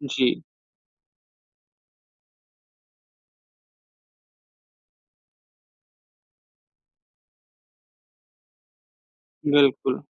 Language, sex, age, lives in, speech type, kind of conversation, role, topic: Hindi, male, 55-59, India, conversation, podcast, host, रात में फोन का इस्तेमाल कम करना कितना ज़रूरी है, और इसे कैसे किया जा सकता है?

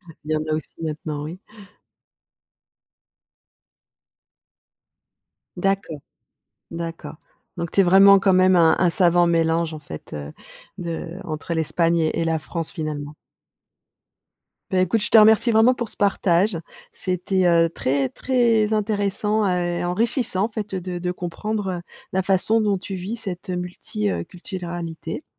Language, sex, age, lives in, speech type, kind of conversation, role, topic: French, female, 55-59, France, conversation, podcast, host, Comment vis-tu ton appartenance à plusieurs cultures ?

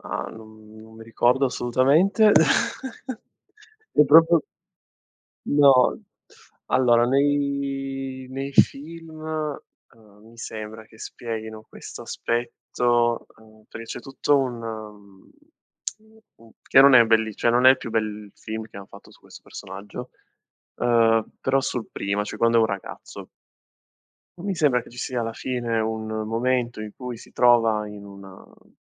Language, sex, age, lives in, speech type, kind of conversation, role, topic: Italian, male, 25-29, Italy, conversation, podcast, guest, Come si costruisce un antagonista interessante e credibile?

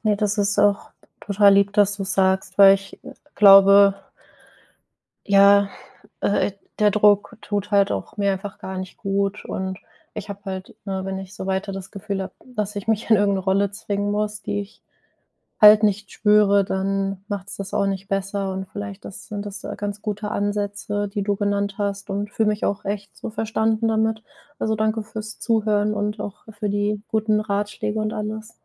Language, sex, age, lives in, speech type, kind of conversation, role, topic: German, female, 25-29, Germany, conversation, advice, user, Was kann ich tun, wenn ich mich an Feiertagen und bei großen Zusammenkünften oft unwohl fühle?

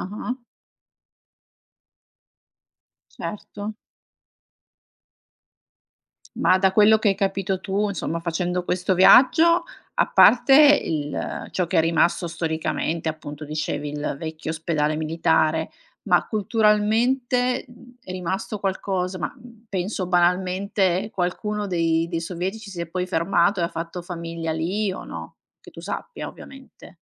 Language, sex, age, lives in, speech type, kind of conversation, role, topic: Italian, female, 45-49, Italy, conversation, podcast, host, Cosa significa per te essere autentico nel processo creativo?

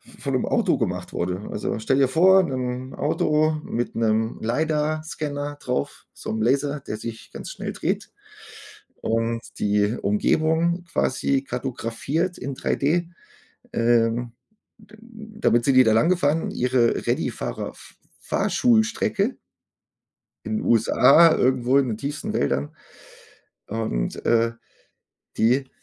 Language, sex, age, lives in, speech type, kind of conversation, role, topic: German, male, 40-44, Germany, conversation, unstructured, none, Was motiviert dich, jeden Tag zur Arbeit zu gehen?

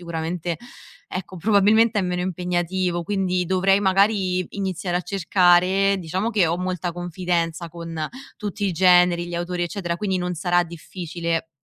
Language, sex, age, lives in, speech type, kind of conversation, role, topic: Italian, female, 25-29, Italy, conversation, advice, user, Perché non riesco a leggere ogni giorno nonostante ne abbia voglia?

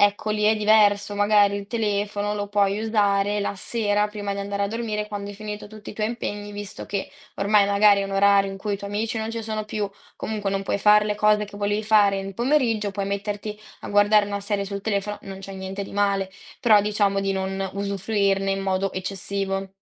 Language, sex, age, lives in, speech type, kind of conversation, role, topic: Italian, female, 18-19, Italy, conversation, podcast, guest, Come descriveresti il tuo rapporto con lo smartphone nella vita quotidiana?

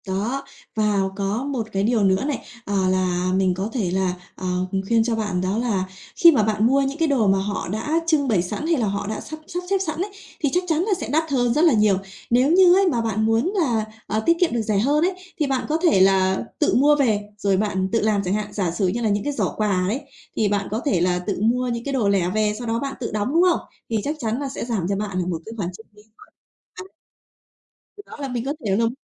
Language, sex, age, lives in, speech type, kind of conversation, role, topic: Vietnamese, female, 30-34, Vietnam, conversation, advice, advisor, Làm sao để mua sắm tiết kiệm ngân sách mà vẫn vui vẻ?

